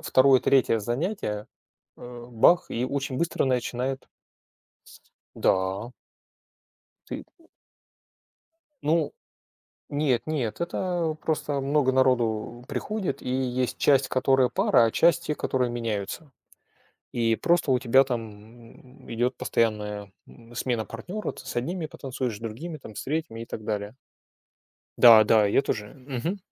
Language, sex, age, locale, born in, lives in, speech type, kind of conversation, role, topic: Russian, male, 45-49, ru-RU, Russia, Germany, conversation, unstructured, none, Как музыка влияет на твоё настроение?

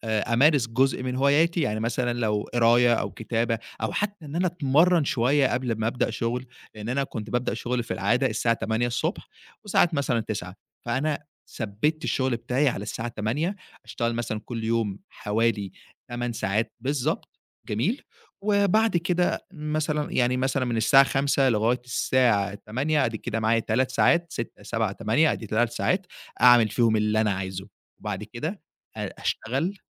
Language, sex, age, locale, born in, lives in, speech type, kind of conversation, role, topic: Arabic, male, 20-24, ar-EG, Egypt, Egypt, conversation, podcast, guest, إزاي بتوازن بين شغلك واهتماماتك الشخصية؟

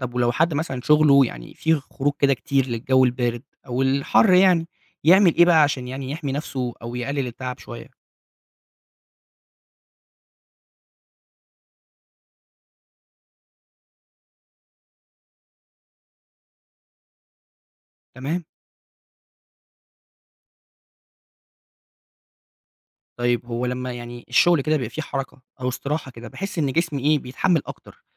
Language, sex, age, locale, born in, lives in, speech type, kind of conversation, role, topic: Arabic, male, 20-24, ar-EG, Egypt, Egypt, read, expert-assistant, asker, إزاي ممكن جسم الإنسان يتأقلم مع الظروف المناخية المختلفة زي الحر الشديد أو البرد القارس؟ وهل في طرق أو تدريبات ممكن تساعد على التطور ده؟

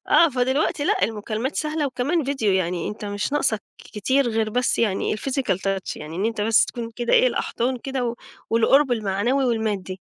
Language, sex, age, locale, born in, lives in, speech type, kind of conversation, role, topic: Arabic, female, 40-44, ar-EG, Egypt, Portugal, conversation, podcast, guest, إزاي تخلي التواصل مع العيلة دايمًا ومفيد؟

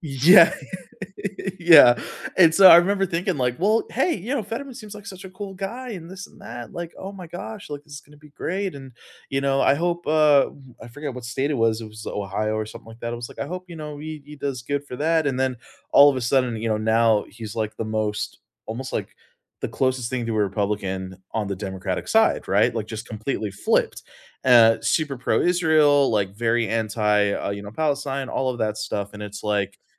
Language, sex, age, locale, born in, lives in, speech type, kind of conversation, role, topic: English, male, 30-34, en-US, United States, United States, conversation, unstructured, none, How should I evaluate causes of corruption in our politics?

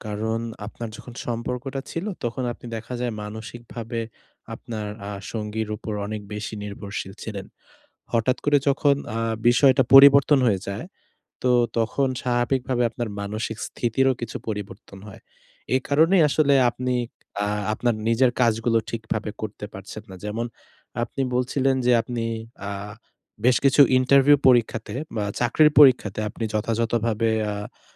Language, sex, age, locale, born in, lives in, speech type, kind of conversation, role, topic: Bengali, male, 20-24, bn-BD, Bangladesh, Bangladesh, conversation, advice, advisor, আমি কীভাবে প্রত্যাখ্যানের পর আবার আত্মবিশ্বাস ফিরে পেতে পারি?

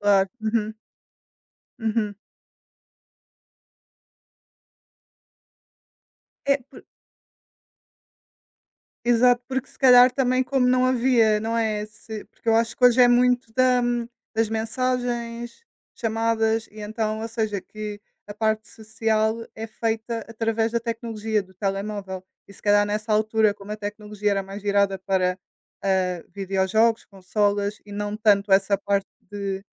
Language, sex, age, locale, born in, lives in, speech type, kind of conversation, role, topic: Portuguese, female, 20-24, pt-PT, Portugal, Portugal, conversation, unstructured, none, Você acha que a tecnologia pode controlar nossas vidas no futuro?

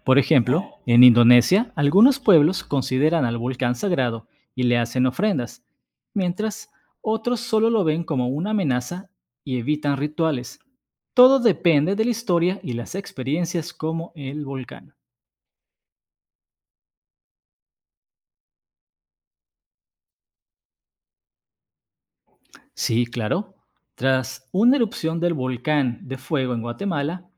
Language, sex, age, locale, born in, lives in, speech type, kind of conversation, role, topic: Spanish, male, 35-39, es-MX, Mexico, Mexico, read, expert-assistant, expert, ¿Cómo han influido los desastres geológicos como terremotos o volcanes en las costumbres y creencias de diferentes culturas?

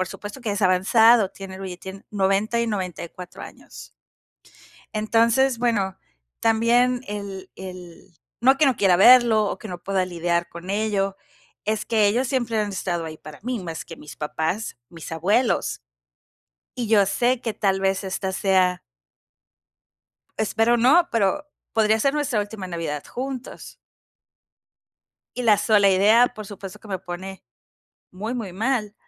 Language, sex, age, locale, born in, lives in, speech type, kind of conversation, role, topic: Spanish, female, 45-49, es-MX, Mexico, Mexico, conversation, advice, user, ¿Qué puedo hacer si estoy dudando de mi propia resiliencia ante cambios importantes en mi vida?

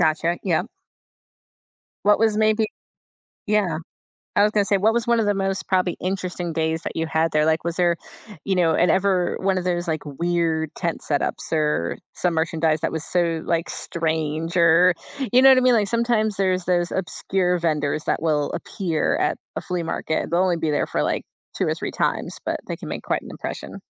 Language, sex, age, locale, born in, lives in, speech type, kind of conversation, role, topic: English, female, 35-39, en-US, United States, United States, conversation, advice, advisor, How can I recreate cherished childhood family traditions?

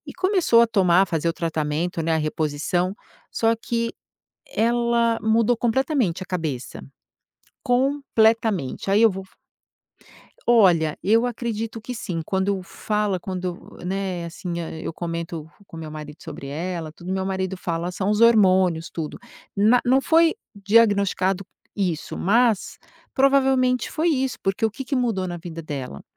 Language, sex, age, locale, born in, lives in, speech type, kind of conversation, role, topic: Portuguese, female, 50-54, pt-BR, Brazil, United States, conversation, podcast, guest, Como você costuma ajudar alguém que está se sentindo só?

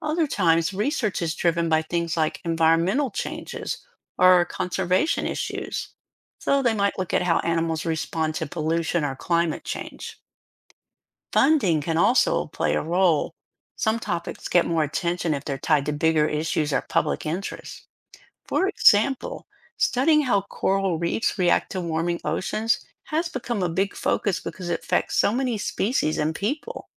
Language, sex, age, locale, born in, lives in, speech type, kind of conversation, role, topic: English, female, 60-64, en-US, United States, United States, read, expert-assistant, expert, How can you verify ocean life facts are accurate?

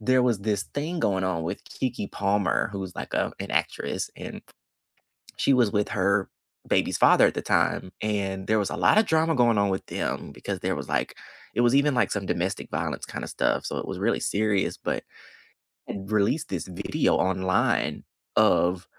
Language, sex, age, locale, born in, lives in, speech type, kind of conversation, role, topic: English, male, 25-29, en-US, United States, United States, conversation, unstructured, none, Which hidden-gem podcasts never leave your queue, and what keeps you coming back to them?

